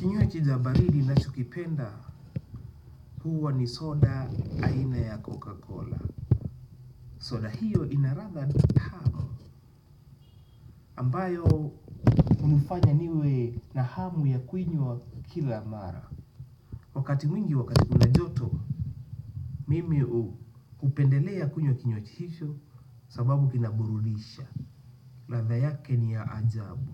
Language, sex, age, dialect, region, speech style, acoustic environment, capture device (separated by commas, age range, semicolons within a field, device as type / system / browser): Swahili, male, 25-34, Kenya - Nairobi Sheng-influenced, Kenya, anxious, nature, desktop / Windows / Chrome